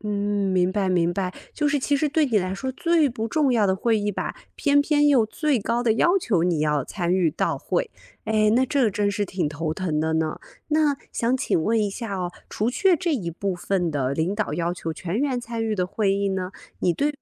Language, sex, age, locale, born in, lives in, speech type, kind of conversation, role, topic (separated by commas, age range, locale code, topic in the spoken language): Chinese, female, 30-34, zh-CN, China, United States, conversation, advice, advisor, 为什么你的工作日总是被碎片化的会议占满？